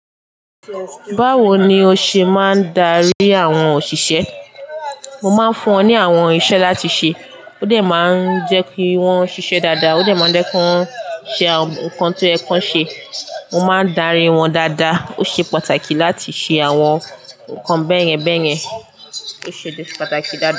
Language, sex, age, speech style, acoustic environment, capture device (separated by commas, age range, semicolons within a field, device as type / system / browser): Yoruba, female, 18-24, happy, silence, desktop / Linux / Chrome